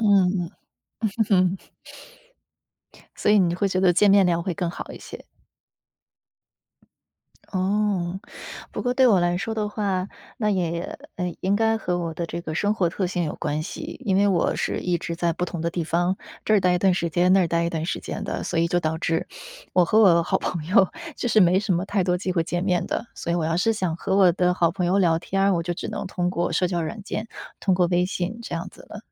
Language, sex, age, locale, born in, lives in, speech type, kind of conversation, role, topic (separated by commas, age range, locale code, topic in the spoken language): Chinese, female, 30-34, zh-CN, China, United States, conversation, unstructured, none, 你认为社交媒体会让人与人之间更亲近，还是更疏远？